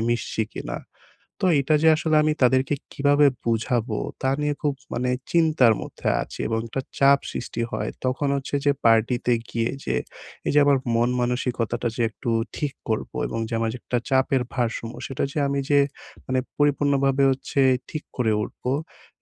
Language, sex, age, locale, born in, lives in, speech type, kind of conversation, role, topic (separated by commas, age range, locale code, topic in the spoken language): Bengali, male, 20-24, bn-BD, Bangladesh, Bangladesh, conversation, advice, user, আমি কীভাবে সামাজিক চাপ ছাড়াই পার্টিতে যেতে পারি?